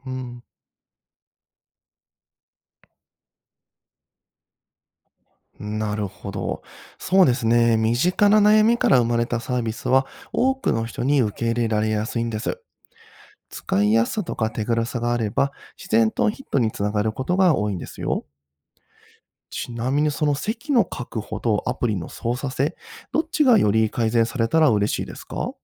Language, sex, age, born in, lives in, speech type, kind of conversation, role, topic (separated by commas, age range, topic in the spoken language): Japanese, male, 30-34, Japan, Japan, read, expert-assistant, expert, 身近な課題を解決するために、どんなユニークな起業アイデアが考えられると思いますか？